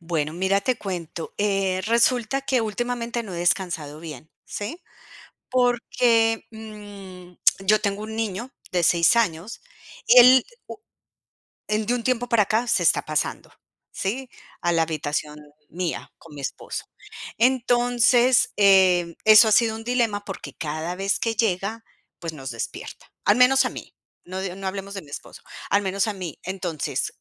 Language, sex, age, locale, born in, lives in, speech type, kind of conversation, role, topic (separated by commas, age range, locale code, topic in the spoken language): Spanish, female, 45-49, es-CO, Colombia, United States, conversation, advice, user, ¿Cómo puedo usar bloques de tiempo diarios para descansar y recuperarme mejor?